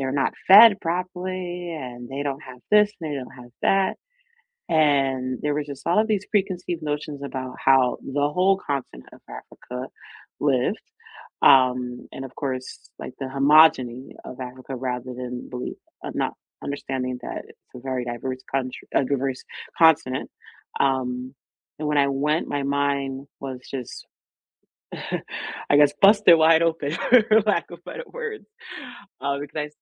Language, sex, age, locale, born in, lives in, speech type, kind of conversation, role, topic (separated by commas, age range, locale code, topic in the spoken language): English, female, 35-39, en-US, United States, United States, conversation, unstructured, none, Which field trips changed how you saw the world, and why did they matter to you?